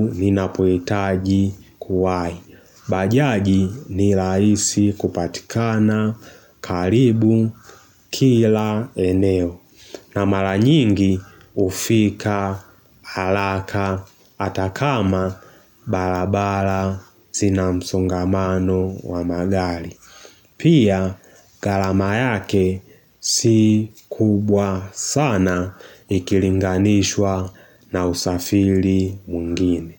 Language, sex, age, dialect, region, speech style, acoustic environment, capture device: Swahili, male, 18-24, Tanzania - Dar es Salaam, Tanzania, relaxed; focused; excited, silence, mobile / Linux / Chrome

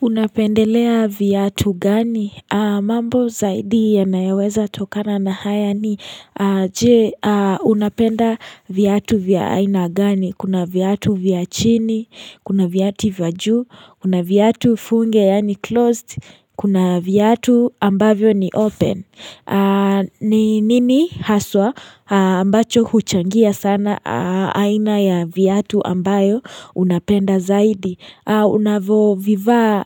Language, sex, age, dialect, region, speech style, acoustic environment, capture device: Swahili, female, 18-24, Kenya - Nairobi Sheng-influenced, Kenya, happy; relaxed; excited; focused, silence, mobile / Linux / Chrome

